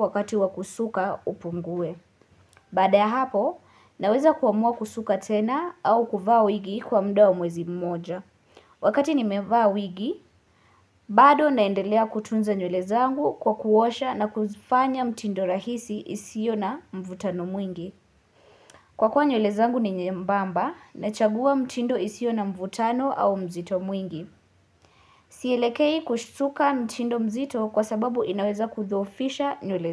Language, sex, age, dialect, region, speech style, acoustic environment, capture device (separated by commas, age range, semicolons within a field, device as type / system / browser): Swahili, female, 18-24, Kenya - Nairobi Sheng-influenced, Kenya, nervous, silence, mobile / Linux / Chrome